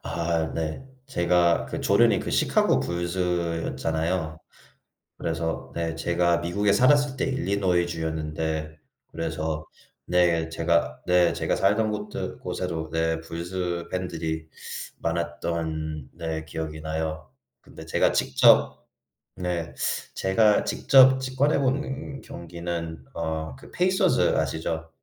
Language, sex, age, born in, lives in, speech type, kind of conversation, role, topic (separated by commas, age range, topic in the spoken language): Korean, male, 25-29, United States, United States, conversation, unstructured, none, 좋아하는 스포츠 팀을 응원하게 된 이유는 무엇인가요?